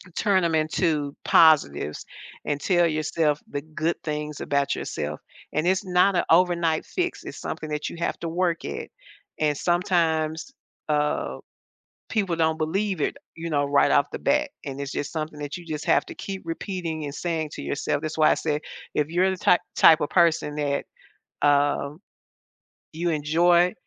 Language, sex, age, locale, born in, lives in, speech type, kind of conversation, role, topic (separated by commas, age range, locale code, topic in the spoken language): English, female, 70-74, en-US, United States, United States, conversation, advice, advisor, How can I cope with frustration when my healing is slower than expected?